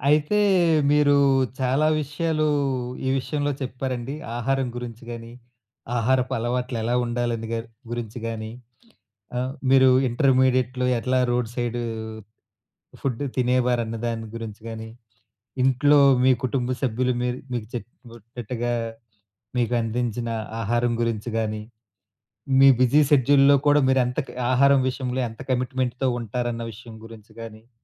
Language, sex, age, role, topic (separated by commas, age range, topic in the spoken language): Telugu, male, 35-39, host, మీ ఆహారపు అలవాట్లు మారిన సందర్భం ఏదైనా ఉందా?